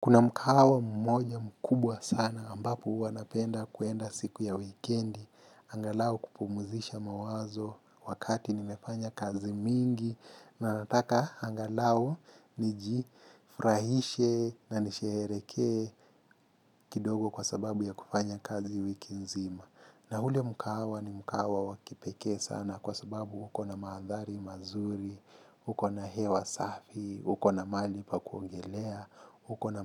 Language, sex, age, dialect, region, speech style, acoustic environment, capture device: Swahili, male, 25-34, Kenya - Mombasa, Kenya, happy; focused, people talking; construction; music; traffic; appliances, mobile / Linux / Chrome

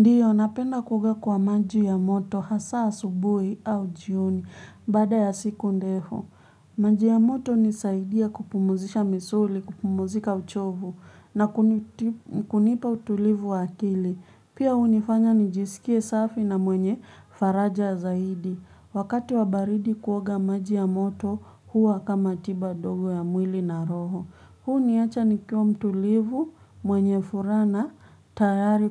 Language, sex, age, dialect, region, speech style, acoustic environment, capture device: Swahili, female, 25-34, Kenya - Nairobi Sheng-influenced, Kenya, nervous, people talking, mobile / Linux / Chrome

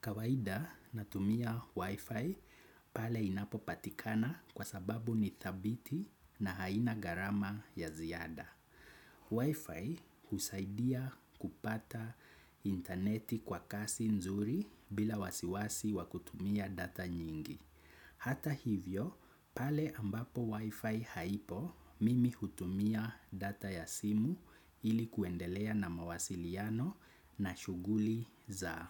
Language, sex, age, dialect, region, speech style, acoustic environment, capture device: Swahili, male, 25-34, Kenya - Nairobi Sheng-influenced, Kenya, happy, nature, desktop / Windows / Chrome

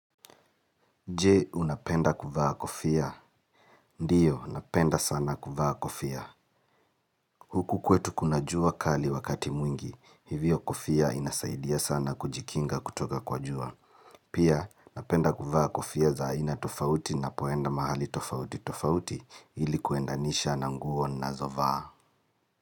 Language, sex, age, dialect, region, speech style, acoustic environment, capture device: Swahili, male, 35-44, Kenya - Nairobi Sheng-influenced, Kenya, happy, silence, mobile / Linux / Chrome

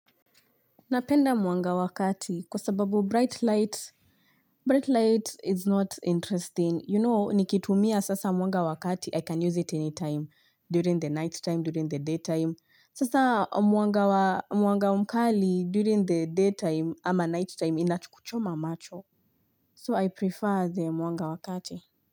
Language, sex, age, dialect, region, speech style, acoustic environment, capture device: Swahili, female, 25-34, Kenya - Nairobi Sheng-influenced, Kenya, focused, nature, mobile / Linux / Chrome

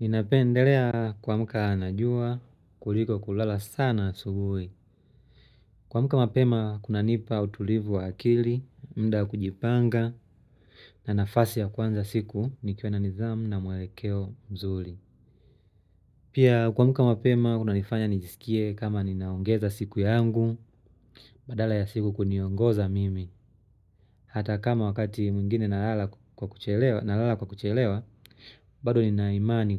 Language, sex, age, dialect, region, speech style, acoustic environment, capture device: Swahili, male, 25-34, Tanzania - Dar es Salaam, Tanzania, happy, nature, mobile / Linux / Chrome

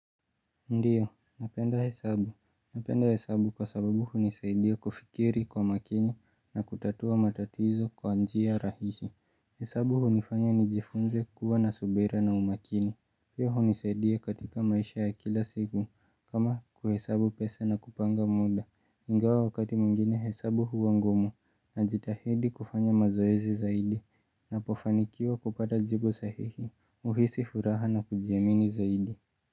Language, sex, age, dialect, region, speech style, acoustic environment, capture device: Swahili, male, 18-24, Kenya - Nairobi Sheng-influenced, Kenya, relaxed, silence; nature, mobile / Linux / Chrome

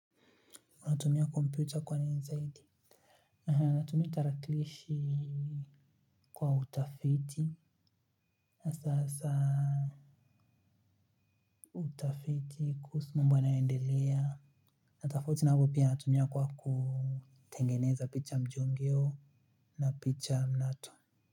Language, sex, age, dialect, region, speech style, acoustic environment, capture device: Swahili, male, 25-34, Kenya - Nairobi Sheng-influenced, Kenya, focused; happy, silence; appliances, mobile / Linux / Chrome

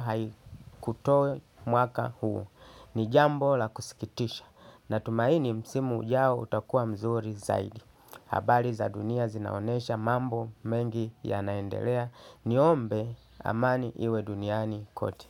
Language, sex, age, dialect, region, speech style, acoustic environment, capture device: Swahili, male, 35-44, Tanzania - Dar es Salaam, Tanzania, happy, people talking, desktop / Linux / Chrome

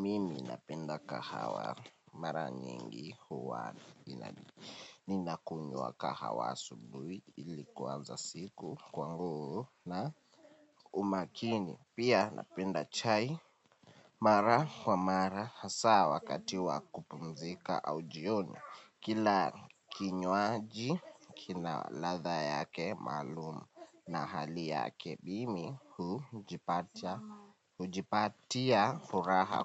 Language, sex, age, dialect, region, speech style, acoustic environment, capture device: Swahili, male, 18-24, Kenya - Nairobi Sheng-influenced, Kenya, happy; nervous; anxious; excited, people talking; appliances; music, mobile / Linux / Chrome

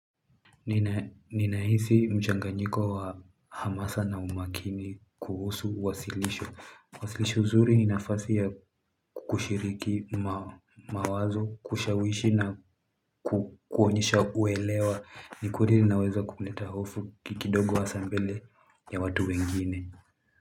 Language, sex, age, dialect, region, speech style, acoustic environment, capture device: Swahili, male, 18-24, Kenya - Nairobi Sheng-influenced, Kenya, happy, music, mobile / Linux / Chrome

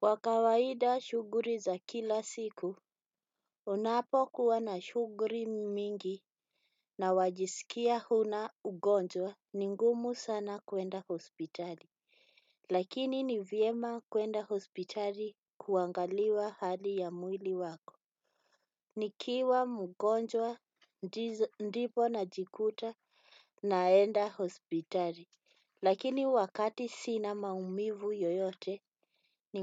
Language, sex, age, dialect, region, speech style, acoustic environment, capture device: Swahili, female, 35-44, Kenya - Nairobi Sheng-influenced, Kenya, relaxed, nature, desktop / Windows / Chrome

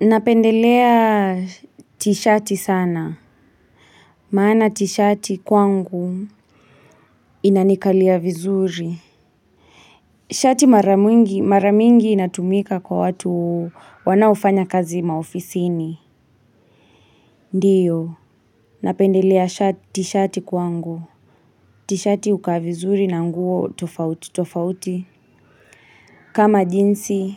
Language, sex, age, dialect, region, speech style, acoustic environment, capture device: Swahili, female, 25-34, Kenya - Kiambu, Kenya, relaxed, silence, mobile / Linux / Chrome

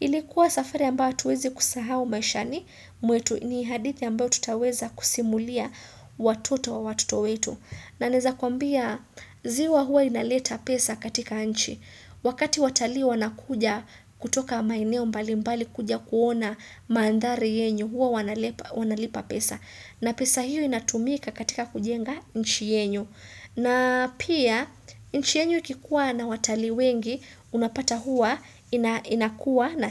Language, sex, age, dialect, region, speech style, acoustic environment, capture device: Swahili, female, 25-34, Kenya - Mombasa, Kenya, excited; happy; focused, silence, desktop / Windows / Chrome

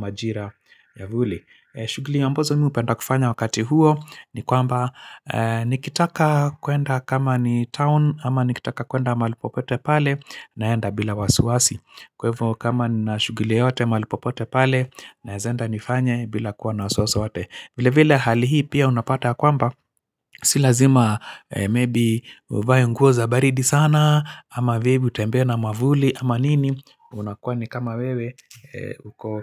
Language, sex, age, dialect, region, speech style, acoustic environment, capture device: Swahili, male, 45-54, Kenya - Nairobi Sheng-influenced, Kenya, happy; focused; excited; relaxed, silence, mobile / Linux / Chrome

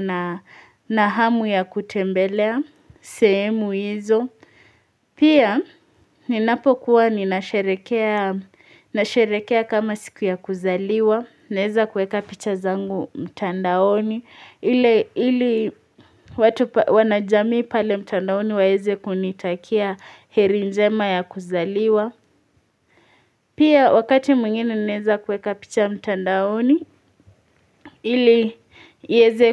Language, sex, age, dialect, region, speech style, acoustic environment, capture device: Swahili, female, 18-24, Kenya - Mombasa, Kenya, relaxed, silence, mobile / Linux / Chrome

